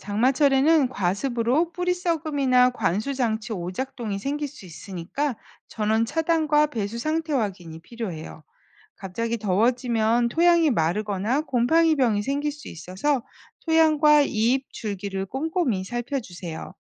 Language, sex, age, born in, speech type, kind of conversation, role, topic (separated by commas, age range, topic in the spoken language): Korean, female, 50-54, South Korea, read, expert-assistant, expert, 작물의 생산성을 높이기 위한 일상적인 루틴이나 체크리스트를 어떻게 만들 수 있을까요?